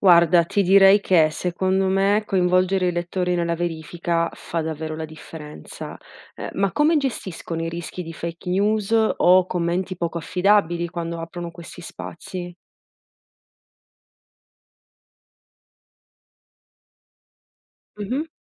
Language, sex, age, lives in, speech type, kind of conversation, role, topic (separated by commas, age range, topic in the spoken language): Italian, female, 30-34, Italy, read, expert-assistant, asker, Quali sono le priorità principali per il giornalismo moderno: velocità delle notizie, accuratezza, o approfondimento?